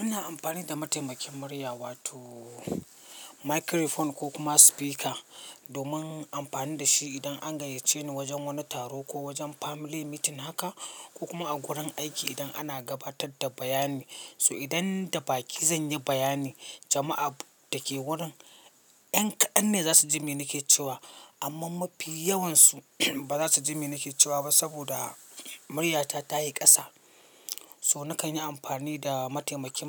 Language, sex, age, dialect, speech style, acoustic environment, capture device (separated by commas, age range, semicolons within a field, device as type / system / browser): Hausa, male, 25-34, Nigeria - Katsina, focused; relaxed, silence, mobile / Linux / Chrome